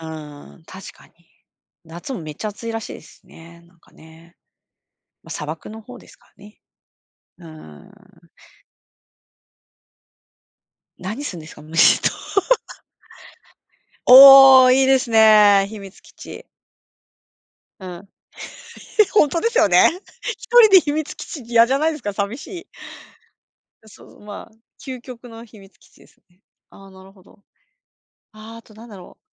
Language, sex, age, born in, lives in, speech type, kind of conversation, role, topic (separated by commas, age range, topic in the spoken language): Japanese, female, 45-49, Japan, Japan, conversation, unstructured, none, ボーナスが出たら、どのように使いたいですか？